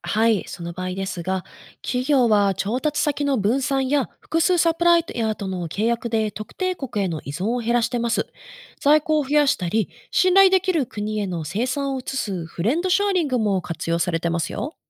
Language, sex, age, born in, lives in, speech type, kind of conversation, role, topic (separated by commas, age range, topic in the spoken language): Japanese, female, 35-39, Japan, Japan, read, expert-assistant, expert, グローバリゼーションに関する情報って、どうやって正しいものを見分けていますか？